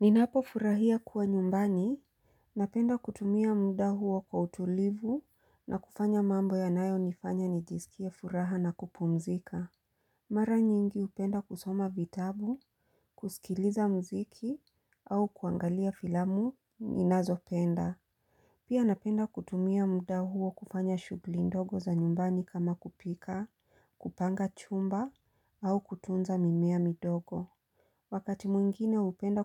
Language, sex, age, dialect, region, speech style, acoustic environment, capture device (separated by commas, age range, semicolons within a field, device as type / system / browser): Swahili, female, 35-44, Kenya - Mombasa, Kenya, focused, silence, mobile / Linux / Chrome